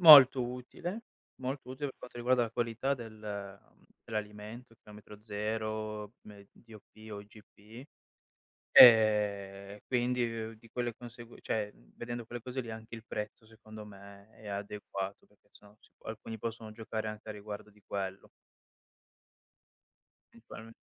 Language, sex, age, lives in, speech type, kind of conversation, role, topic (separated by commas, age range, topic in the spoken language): Italian, male, 25-29, Italy, conversation, podcast, guest, Come scegli gli ingredienti freschi al mercato o al supermercato?